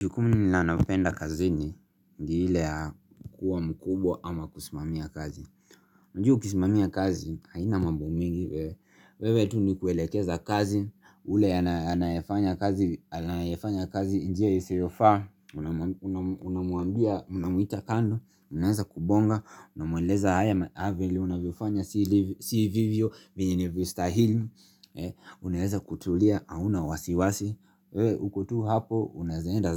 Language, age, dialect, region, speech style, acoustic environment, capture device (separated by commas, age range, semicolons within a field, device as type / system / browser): Swahili, 25-34, Kenya - Nairobi Sheng-influenced, Kenya, relaxed, nature, mobile / Linux / Chrome